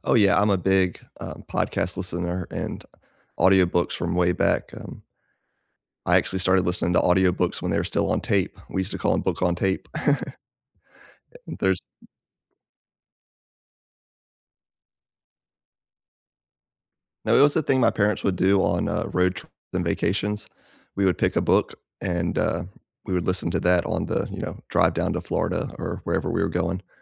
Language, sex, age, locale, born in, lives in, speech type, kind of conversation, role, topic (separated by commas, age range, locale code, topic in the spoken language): English, male, 35-39, en-US, United States, United States, conversation, unstructured, none, How would you use an extra five hours a week over the next year?